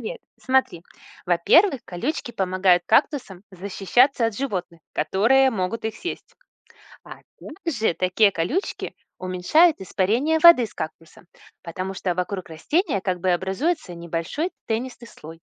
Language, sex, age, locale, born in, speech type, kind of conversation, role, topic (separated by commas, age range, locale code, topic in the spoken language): Russian, female, 40-44, ru-RU, Russia, read, expert-assistant, expert, Зачем кактусам нужны колючки?